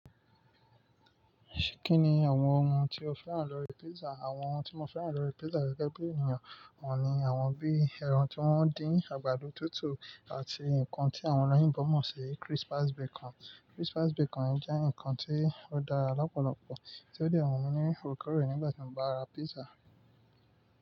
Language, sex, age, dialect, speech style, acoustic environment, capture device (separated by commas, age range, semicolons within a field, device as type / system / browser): Yoruba, male, 25-34, Nigeria - Nigerian Standard, focused, nature, mobile / Linux / Chrome